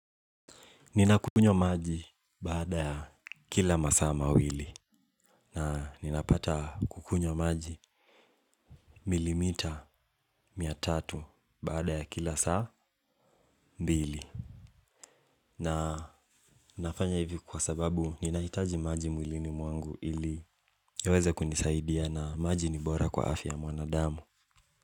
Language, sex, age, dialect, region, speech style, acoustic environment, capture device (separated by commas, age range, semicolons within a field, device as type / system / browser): Swahili, male, 18-24, Kenya - Mombasa, Kenya, relaxed, nature, mobile / Linux / Chrome